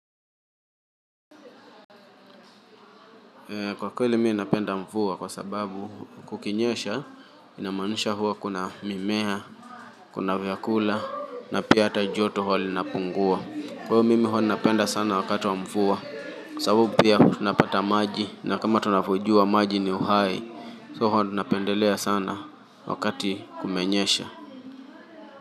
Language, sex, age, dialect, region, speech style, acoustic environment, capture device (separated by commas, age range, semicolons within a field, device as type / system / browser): Swahili, male, 25-34, Kenya - Mombasa, Kenya, relaxed, silence, mobile / Linux / Chrome